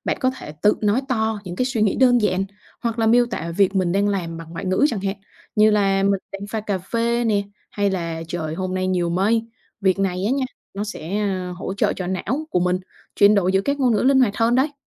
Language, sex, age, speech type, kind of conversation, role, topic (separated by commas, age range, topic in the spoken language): Vietnamese, female, 25-29, read, expert-assistant, expert, Tại sao chúng ta cần học ngoại ngữ?